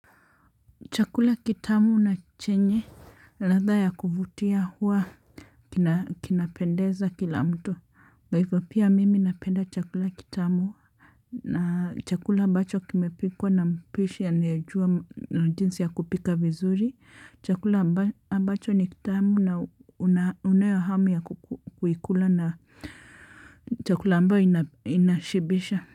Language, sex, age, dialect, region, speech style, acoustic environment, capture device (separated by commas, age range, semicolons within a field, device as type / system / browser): Swahili, male, 35-44, Kenya - Nairobi Sheng-influenced, Kenya, relaxed, silence, mobile / Linux / Chrome